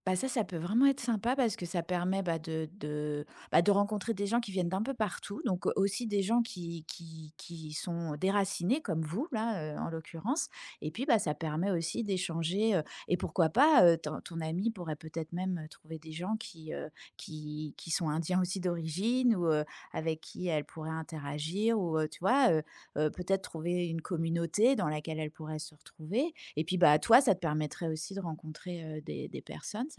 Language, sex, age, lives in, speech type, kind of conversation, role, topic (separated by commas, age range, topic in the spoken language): French, female, 45-49, France, conversation, advice, advisor, Comment vous êtes-vous adapté(e) à votre déménagement dans une nouvelle ville, et pourquoi est-il difficile d’y créer un réseau social ?